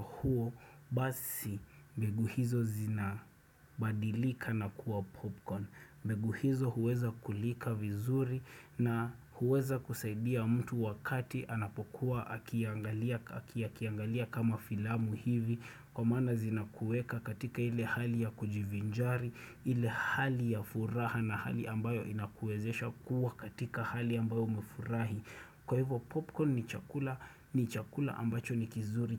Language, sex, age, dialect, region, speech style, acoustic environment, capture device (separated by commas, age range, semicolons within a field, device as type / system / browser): Swahili, male, 25-34, Kenya - Nairobi Sheng-influenced, Kenya, focused, silence, desktop / Windows / Chrome